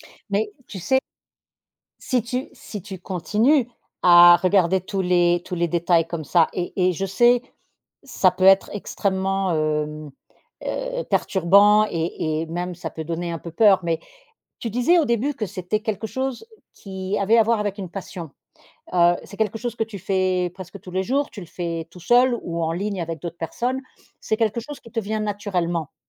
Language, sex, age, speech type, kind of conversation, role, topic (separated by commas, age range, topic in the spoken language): French, female, 50-54, conversation, podcast, host, Quel petit projet lancerais-tu pour te remettre en selle ?